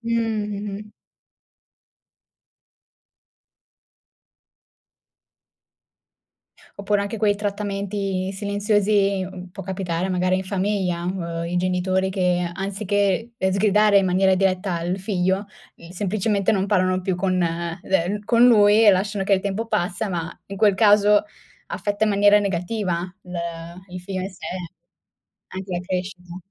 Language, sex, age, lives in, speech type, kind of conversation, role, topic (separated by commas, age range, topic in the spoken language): Italian, female, 18-19, Italy, conversation, podcast, host, Quando è meglio ascoltare in silenzio invece di parlare?